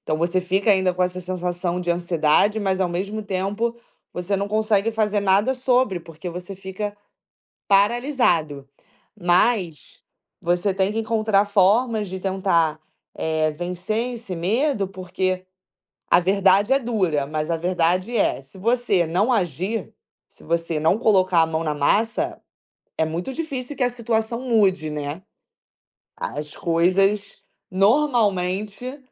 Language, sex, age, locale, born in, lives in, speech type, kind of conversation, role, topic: Portuguese, female, 25-29, pt-BR, Brazil, Spain, conversation, advice, advisor, Como posso começar sem me sabotar quando tenho medo de fracassar antes de tentar?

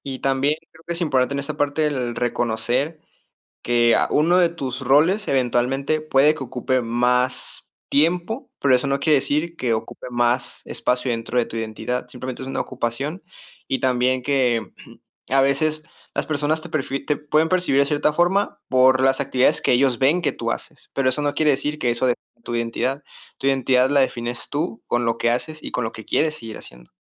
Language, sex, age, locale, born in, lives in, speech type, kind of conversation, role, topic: Spanish, male, 20-24, es-MX, Mexico, Mexico, conversation, advice, advisor, ¿Cómo puedo mantener mi identidad personal mientras asumo nuevas responsabilidades familiares?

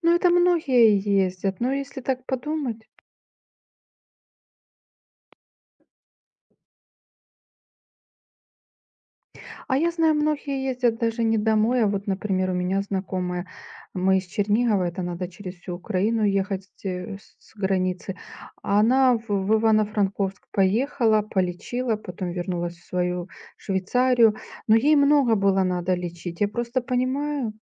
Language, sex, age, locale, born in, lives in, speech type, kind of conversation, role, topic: Russian, female, 45-49, ru-RU, Ukraine, Spain, conversation, unstructured, none, Какие привычки помогают вам сохранять позитивный настрой?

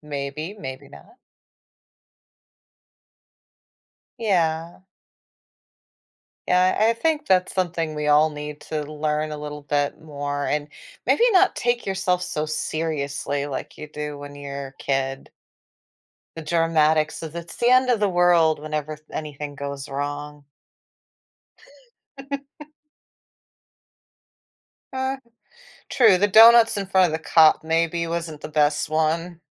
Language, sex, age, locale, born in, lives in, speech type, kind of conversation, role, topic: English, female, 40-44, en-US, United States, United States, conversation, podcast, host, How have your experiences shaped the lessons you value most today?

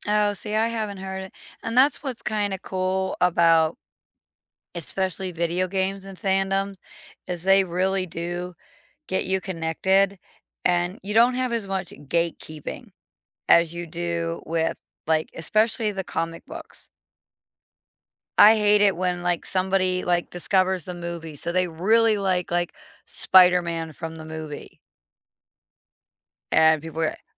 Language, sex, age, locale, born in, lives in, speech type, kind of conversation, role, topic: English, female, 45-49, en-US, United States, United States, conversation, unstructured, none, Which fandom first sparked your love of entertainment, and how has it connected you with others since?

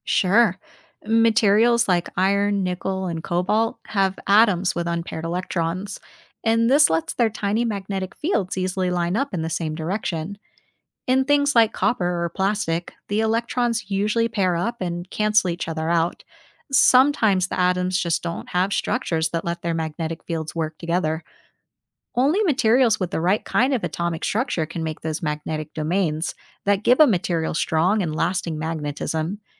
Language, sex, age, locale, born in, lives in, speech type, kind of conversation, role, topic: English, female, 30-34, en-US, United States, United States, read, expert-assistant, expert, What makes magnets attract or repel each other?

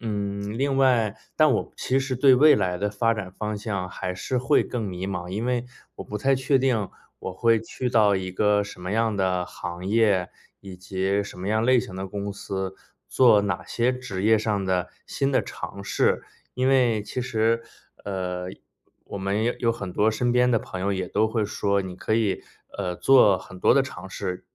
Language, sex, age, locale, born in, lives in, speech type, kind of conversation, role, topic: Chinese, male, 30-34, zh-CN, China, United States, conversation, advice, user, 我对未来的职业发展方向很迷茫，现在该换工作还是转行比较好？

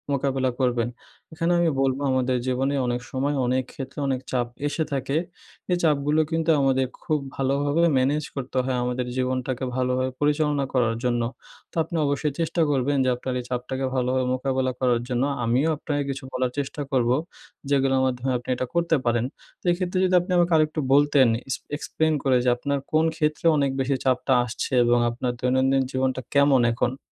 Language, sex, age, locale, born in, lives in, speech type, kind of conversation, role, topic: Bengali, male, 20-24, bn-BD, Bangladesh, Bangladesh, conversation, advice, advisor, ব্যস্ত দিনে আমি কীভাবে দ্রুত ও সহজে স্ট্রেস কমাতে পারি?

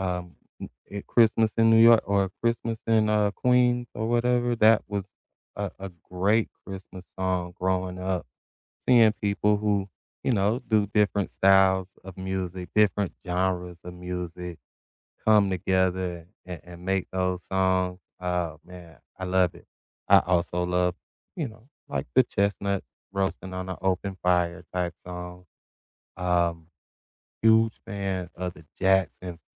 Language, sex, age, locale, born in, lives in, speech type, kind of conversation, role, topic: English, male, 45-49, en-US, United States, United States, conversation, unstructured, none, What songs or albums are the soundtrack to each season of your life, and what memories make them meaningful to you?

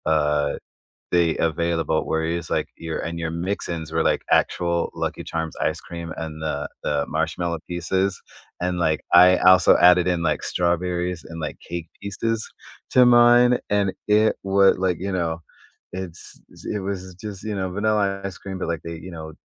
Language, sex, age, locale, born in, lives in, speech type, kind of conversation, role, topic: English, male, 30-34, en-US, United States, United States, conversation, unstructured, none, What comfort food do you love, and what kitchen experiment are you proud of?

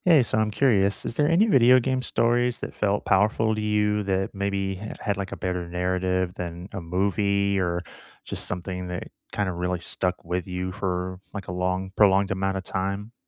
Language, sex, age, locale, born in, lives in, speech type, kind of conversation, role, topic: English, male, 35-39, en-US, United States, United States, conversation, unstructured, none, Which video game stories felt more powerful than most movies for you, and why did they stick with you?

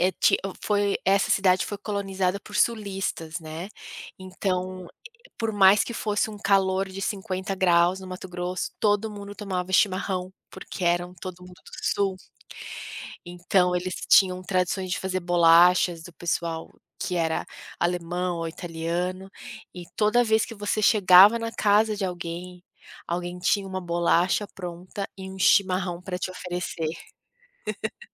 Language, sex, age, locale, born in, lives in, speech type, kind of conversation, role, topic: Portuguese, female, 35-39, pt-BR, Brazil, United States, conversation, podcast, guest, Como sua cidade natal influenciou quem você é hoje?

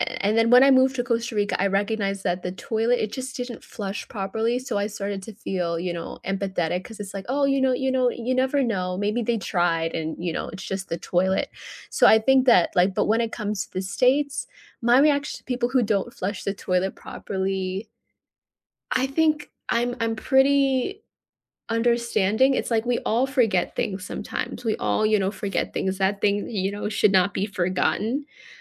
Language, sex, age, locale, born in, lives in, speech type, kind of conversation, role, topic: English, female, 25-29, en-US, United States, United States, conversation, unstructured, none, What is your reaction when people do not flush the toilet properly?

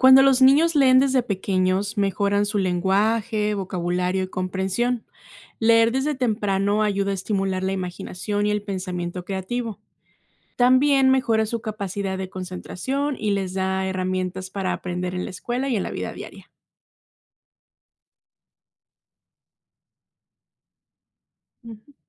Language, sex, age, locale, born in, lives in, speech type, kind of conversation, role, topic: Spanish, female, 30-34, es-MX, Mexico, United States, read, expert-assistant, expert, ¿Por qué es esencial fomentar la lectura en la infancia?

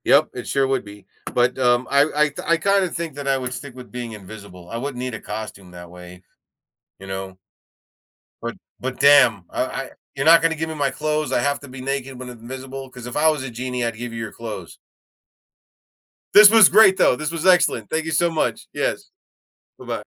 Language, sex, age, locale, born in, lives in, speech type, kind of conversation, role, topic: English, male, 45-49, en-US, United States, United States, conversation, unstructured, none, Would you rather be able to fly or become invisible whenever you want?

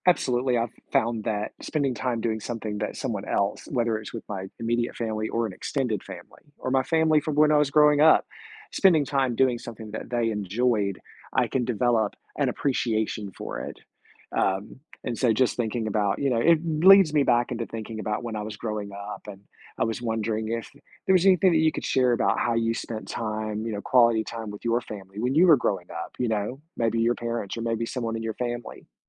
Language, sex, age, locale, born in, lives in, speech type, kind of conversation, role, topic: English, male, 45-49, en-US, United States, United States, conversation, podcast, host, How do families create meaningful connections and lasting memories together?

